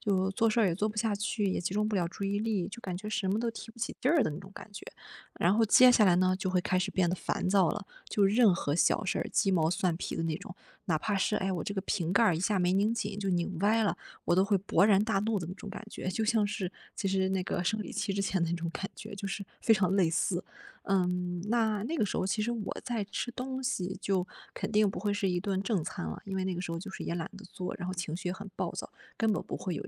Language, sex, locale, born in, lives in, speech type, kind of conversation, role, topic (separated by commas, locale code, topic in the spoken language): Chinese, female, zh-CN, China, Germany, conversation, advice, user, 我怎样通过按时吃正餐来稳定情绪？